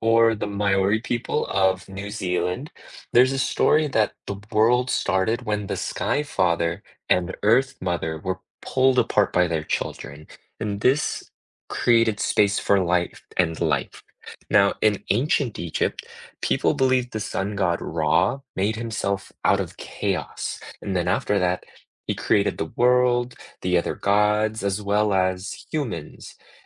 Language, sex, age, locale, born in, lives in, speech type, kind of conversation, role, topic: English, male, 25-29, en-US, United States, United States, read, expert-assistant, expert, Why do people create myths and legends?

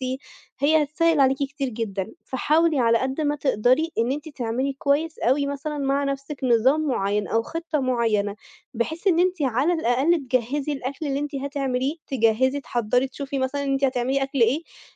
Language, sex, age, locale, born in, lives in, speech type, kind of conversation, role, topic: Arabic, female, 25-29, ar-EG, Egypt, Italy, conversation, advice, advisor, إزاي أوازن وقتي اليومي مع تحضير وجبات مغذية وسريعة طول الأسبوع؟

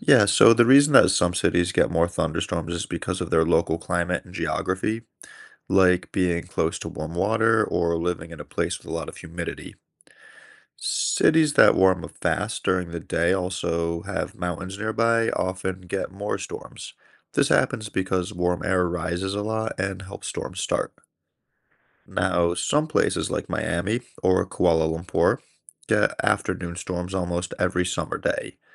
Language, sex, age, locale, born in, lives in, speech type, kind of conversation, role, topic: English, male, 30-34, en-US, United States, United States, read, expert-assistant, expert, What causes lightning during a storm?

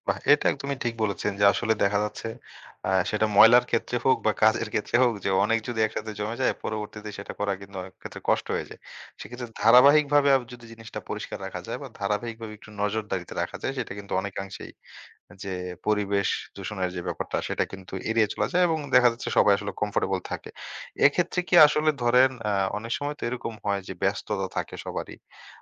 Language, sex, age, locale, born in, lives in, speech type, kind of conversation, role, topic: Bengali, male, 25-29, bn-BD, Bangladesh, Bangladesh, conversation, podcast, host, উৎসবের সময় পরিবেশ রক্ষা করতে আমরা কী করতে পারি?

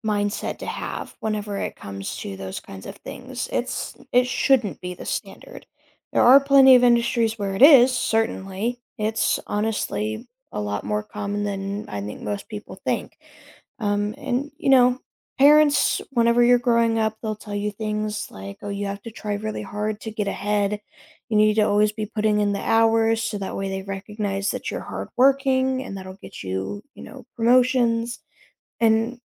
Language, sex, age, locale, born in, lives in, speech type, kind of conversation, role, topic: English, other, 20-24, en-US, United States, United States, conversation, advice, advisor, How can I manage being overwhelmed at a new job?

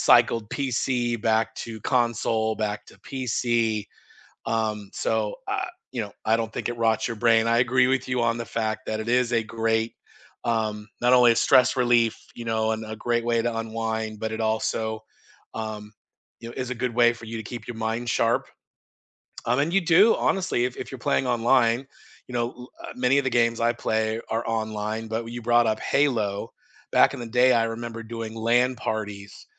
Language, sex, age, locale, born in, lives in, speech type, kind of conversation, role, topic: English, male, 50-54, en-US, United States, United States, conversation, unstructured, none, How do you unwind after a busy day?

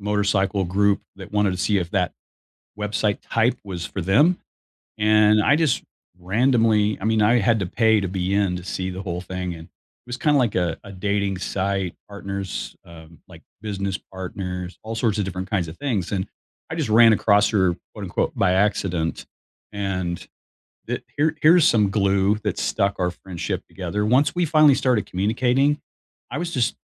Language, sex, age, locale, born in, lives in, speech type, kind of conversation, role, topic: English, male, 60-64, en-US, United States, United States, conversation, unstructured, none, What is the key to a strong friendship?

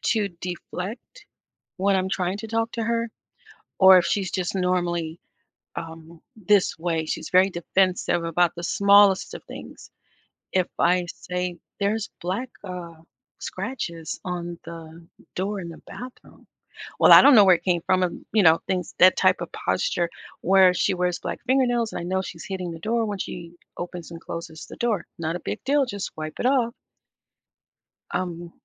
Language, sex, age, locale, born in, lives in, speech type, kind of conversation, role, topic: English, female, 55-59, en-US, United States, United States, conversation, advice, user, How can I address a close friend's betrayal and decide whether to repair the friendship?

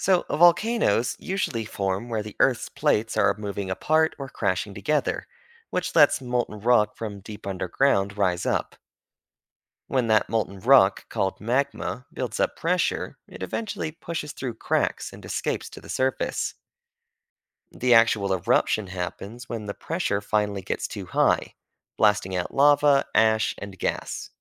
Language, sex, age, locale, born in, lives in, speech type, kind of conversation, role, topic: English, male, 25-29, en-US, United States, United States, read, expert-assistant, expert, What causes volcanoes to form, erupt, and shape their surroundings?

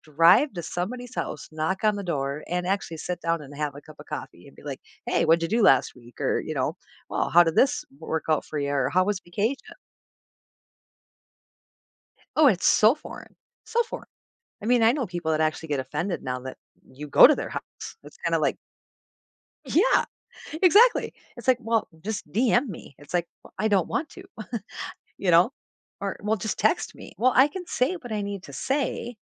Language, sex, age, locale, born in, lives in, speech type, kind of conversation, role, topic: English, female, 45-49, en-US, United States, United States, conversation, podcast, guest, How does social media shape the way we form and experience relationships?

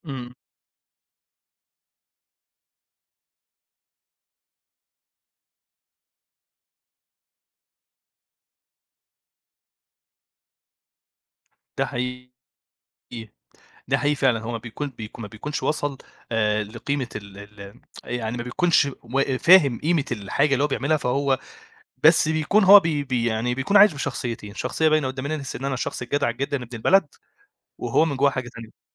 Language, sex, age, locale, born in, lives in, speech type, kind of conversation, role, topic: Arabic, male, 25-29, ar-EG, Egypt, Egypt, conversation, podcast, guest, إيه الحاجات اللي بتكشفلك إنت مين بجد؟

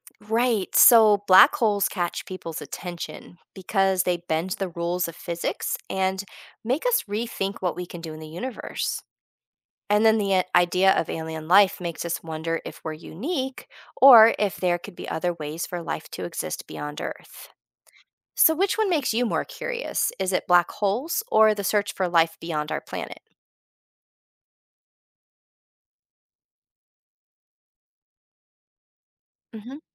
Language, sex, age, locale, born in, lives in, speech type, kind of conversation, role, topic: English, female, 40-44, en-US, United States, United States, read, expert-assistant, expert, How does learning about space inspire curiosity?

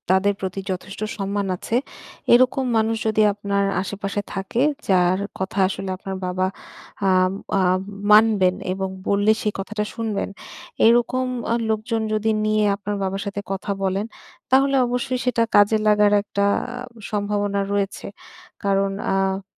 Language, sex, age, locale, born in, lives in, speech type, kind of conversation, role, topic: Bengali, female, 30-34, bn-BD, Bangladesh, Bangladesh, conversation, advice, advisor, আমি কীভাবে পরিবারের পুরনো আচরণ বদলে ঘরে শান্তি ফিরিয়ে আনতে পারি?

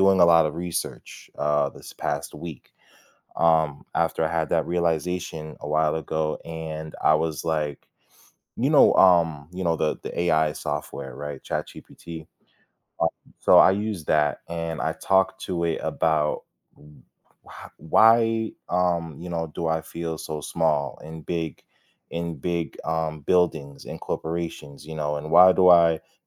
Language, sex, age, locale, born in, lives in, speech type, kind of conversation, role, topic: English, male, 25-29, en-US, United States, United States, conversation, podcast, guest, How have difficult experiences shaped your personal growth?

